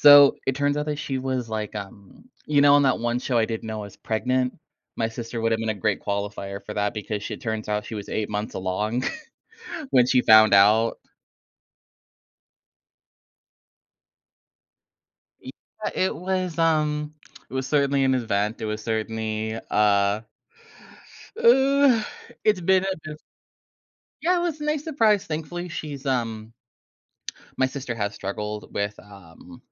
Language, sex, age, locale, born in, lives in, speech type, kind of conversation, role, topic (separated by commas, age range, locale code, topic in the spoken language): English, male, 25-29, en-US, United States, United States, conversation, advice, user, How can I adjust my family life for a newborn?